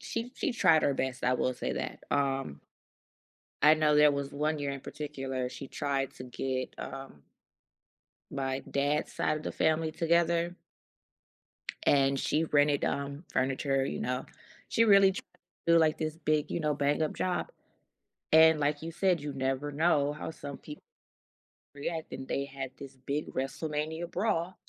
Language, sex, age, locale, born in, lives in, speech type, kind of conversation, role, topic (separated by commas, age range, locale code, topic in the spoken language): English, female, 35-39, en-US, United States, United States, conversation, unstructured, none, In what ways do holiday traditions impact your relationships with family members?